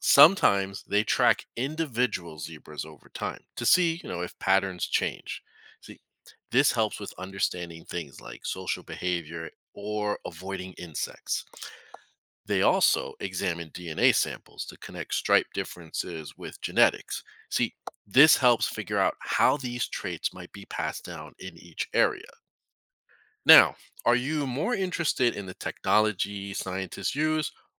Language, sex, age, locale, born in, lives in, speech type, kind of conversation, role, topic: English, male, 40-44, en-US, United States, United States, read, expert-assistant, expert, Why do zebras have stripes?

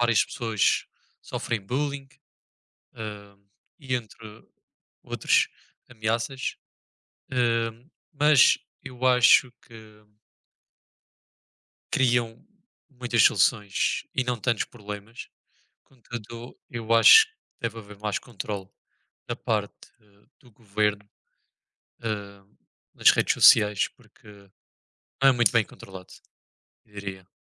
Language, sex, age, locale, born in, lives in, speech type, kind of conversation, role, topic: Portuguese, male, 20-24, pt-PT, Portugal, Portugal, conversation, unstructured, none, Você acha que as redes sociais criam mais problemas do que soluções?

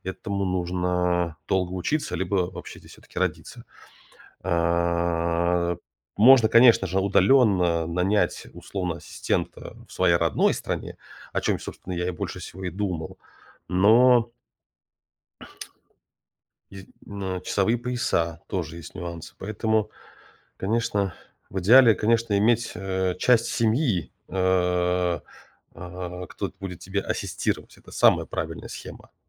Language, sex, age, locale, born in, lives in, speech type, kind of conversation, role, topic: Russian, male, 35-39, ru-RU, Russia, United States, conversation, advice, user, В чём у вас дома проявляется размывание границ между работой и отдыхом?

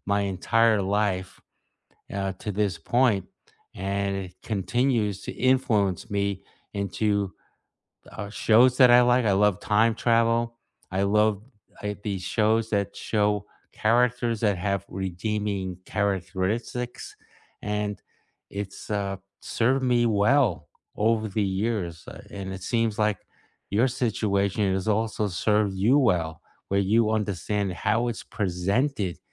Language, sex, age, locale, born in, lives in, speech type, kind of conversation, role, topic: English, male, 65-69, en-US, United States, United States, conversation, unstructured, none, Which class did you expect to dislike but ended up loving, and what changed your mind?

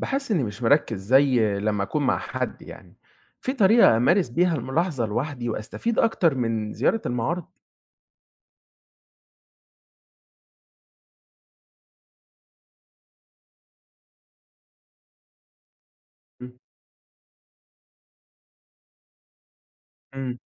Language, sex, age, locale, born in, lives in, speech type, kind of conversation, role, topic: Arabic, male, 20-24, ar-EG, Egypt, Egypt, read, expert-assistant, asker, إزاي أقدر أطور نظرتي الفنية وأفهم الأعمال الفنية بشكل أعمق، حتى لو مش فنان محترف؟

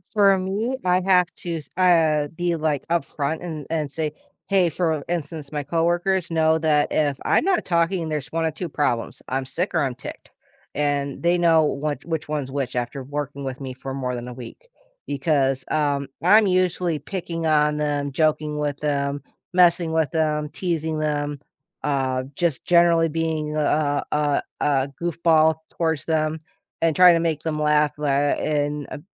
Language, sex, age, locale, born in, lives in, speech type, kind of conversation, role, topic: English, female, 35-39, en-US, United States, United States, conversation, unstructured, none, What’s the best way to handle disagreements with friends?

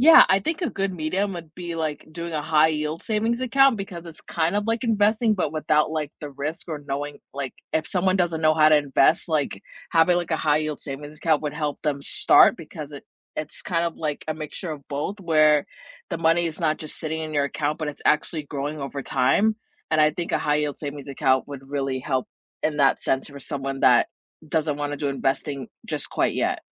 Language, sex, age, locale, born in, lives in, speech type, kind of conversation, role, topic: English, female, 25-29, en-US, United States, United States, conversation, unstructured, none, What money goal are you proud of achieving?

